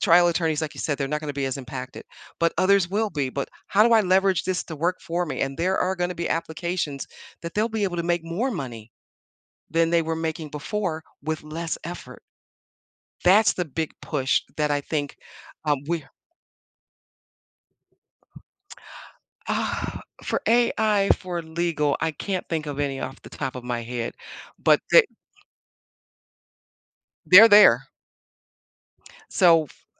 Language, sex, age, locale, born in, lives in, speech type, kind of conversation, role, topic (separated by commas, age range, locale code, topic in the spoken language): English, female, 60-64, en-US, United States, United States, conversation, podcast, guest, What helps you keep your energy and focus when work gets challenging?